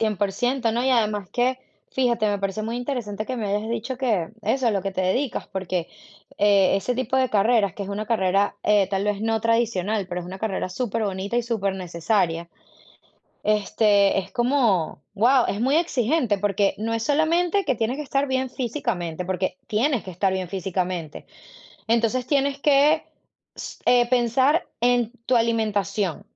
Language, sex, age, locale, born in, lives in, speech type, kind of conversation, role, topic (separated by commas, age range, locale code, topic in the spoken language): Spanish, female, 35-39, es-VE, Venezuela, United States, conversation, unstructured, none, ¿Qué te da miedo de no alcanzar tus metas?